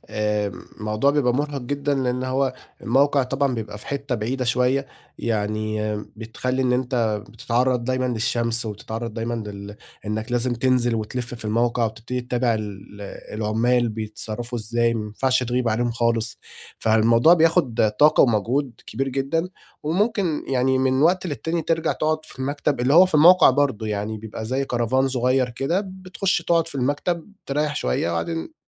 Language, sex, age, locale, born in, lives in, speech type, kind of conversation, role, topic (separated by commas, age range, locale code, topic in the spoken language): Arabic, male, 25-29, ar-EG, Egypt, Egypt, conversation, advice, user, إزاي أوازن بين التمرين والراحة من غير ما أتعرض للإرهاق؟